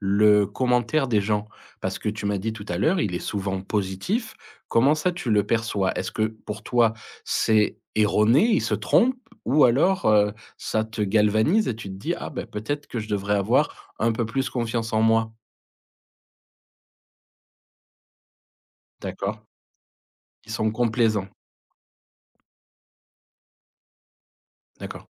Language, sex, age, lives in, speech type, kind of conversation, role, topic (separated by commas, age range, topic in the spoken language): French, male, 40-44, France, conversation, advice, advisor, Comment surmonter le perfectionnisme qui sabote ma pratique créative quotidienne ?